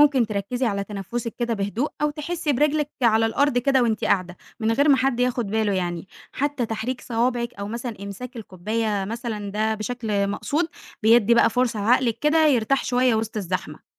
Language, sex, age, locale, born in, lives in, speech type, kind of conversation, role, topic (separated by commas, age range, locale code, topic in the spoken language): Arabic, female, 20-24, ar-EG, Egypt, Egypt, read, expert-assistant, expert, إيه الحاجات المشتركة بين الأديان لما بيجي الموضوع للسلام الداخلي والتعامل مع الضغوط، وإزاي أطبق ده في حياتي اليومية؟